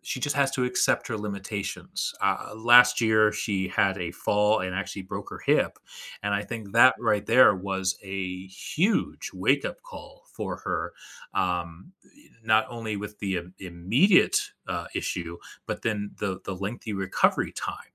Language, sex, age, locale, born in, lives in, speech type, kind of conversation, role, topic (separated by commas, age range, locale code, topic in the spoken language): English, male, 35-39, en-US, United States, United States, conversation, advice, user, How can I start a sensitive conversation with a friend or family member without making them defensive?